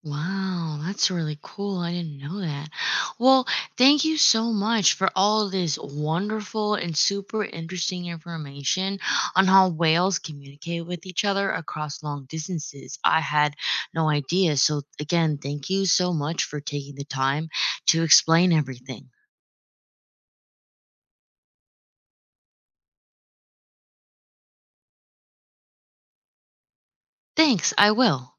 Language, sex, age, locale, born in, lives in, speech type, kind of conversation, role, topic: English, female, 25-29, en-US, United States, United States, read, expert-assistant, asker, How do whales communicate with each other across long distances?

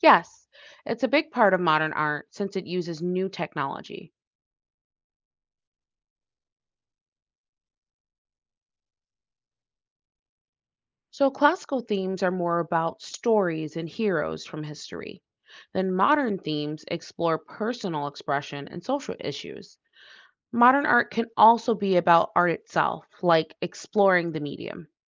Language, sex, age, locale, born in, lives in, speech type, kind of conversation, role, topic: English, female, 25-29, en-US, United States, United States, read, expert-assistant, expert, What are the differences between classical and modern art?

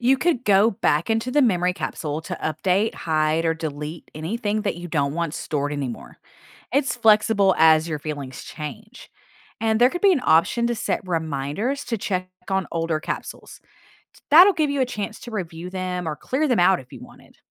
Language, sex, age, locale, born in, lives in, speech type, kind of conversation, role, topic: English, female, 40-44, en-US, United States, United States, read, expert-assistant, expert, If you could create a new way to remember important events, what would it involve?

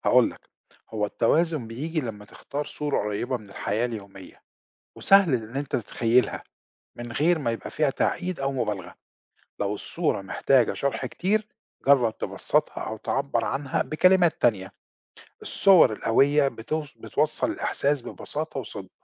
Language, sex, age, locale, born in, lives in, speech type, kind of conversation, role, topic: Arabic, male, 50-54, ar-EG, Egypt, Portugal, read, expert-assistant, expert, إزاي أقدر أطور مهاراتي في كتابة الشعر؟ إيه أهم التكنيكات اللي ممكن أبتدي بيها؟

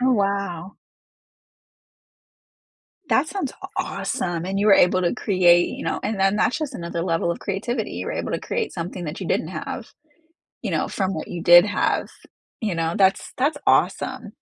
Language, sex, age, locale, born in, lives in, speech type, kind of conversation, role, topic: English, female, 35-39, en-US, United States, United States, conversation, unstructured, none, Which childhood hobby would you love to revisit as an adult, and what memories still draw you to it?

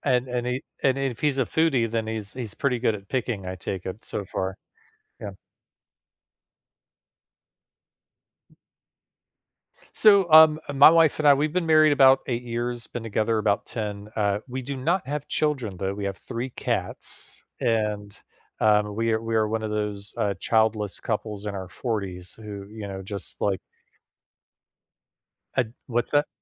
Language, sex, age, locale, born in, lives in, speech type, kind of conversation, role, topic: English, male, 45-49, en-US, United States, United States, conversation, unstructured, none, What are your plans for the weekend—recharging at home, exploring nearby, or doing something spontaneous?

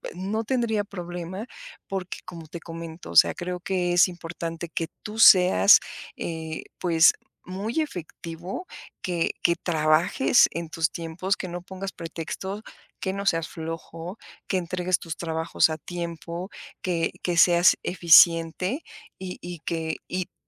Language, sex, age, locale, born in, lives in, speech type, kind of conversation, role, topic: Spanish, female, 45-49, es-MX, Mexico, Mexico, conversation, podcast, guest, ¿Por qué a veces es tan difícil pedir ayuda?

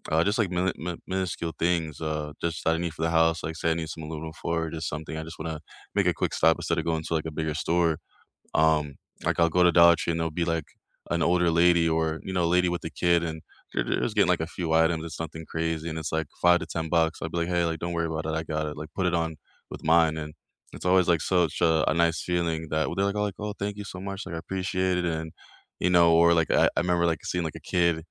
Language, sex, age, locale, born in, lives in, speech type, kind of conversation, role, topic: English, male, 25-29, en-US, United States, United States, conversation, unstructured, none, What is an act of kindness you still think about?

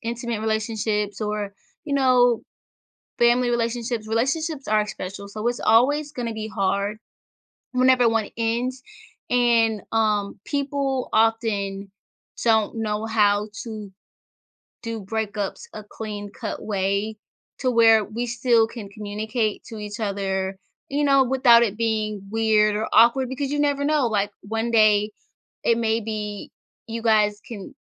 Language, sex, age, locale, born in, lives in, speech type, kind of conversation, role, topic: English, female, 25-29, en-US, United States, United States, conversation, advice, advisor, How do I process and move forward after an unexpected breakup from a long-term relationship?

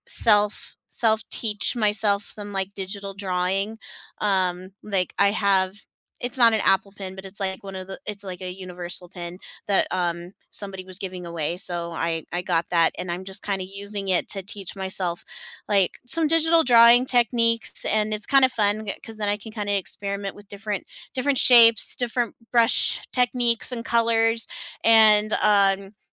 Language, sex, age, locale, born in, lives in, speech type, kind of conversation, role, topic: English, female, 35-39, en-US, United States, United States, conversation, unstructured, none, What little after-work habits help you unwind, and how did they become part of your routine?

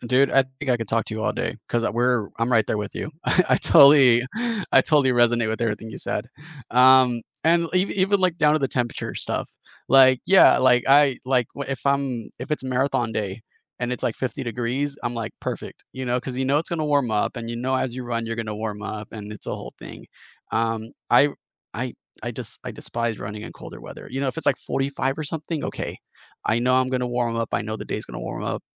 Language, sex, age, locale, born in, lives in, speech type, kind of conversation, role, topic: English, male, 30-34, en-US, United States, United States, conversation, unstructured, none, What kind of environment makes exercising most enjoyable for you, and how could you share that experience with someone else?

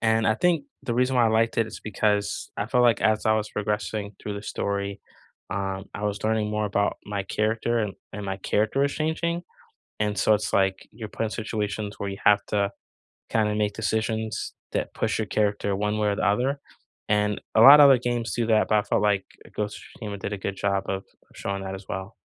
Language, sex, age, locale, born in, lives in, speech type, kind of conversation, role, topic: English, male, 40-44, en-US, United States, United States, conversation, unstructured, none, Which video games unexpectedly moved you with their storytelling, and what about them stayed with you?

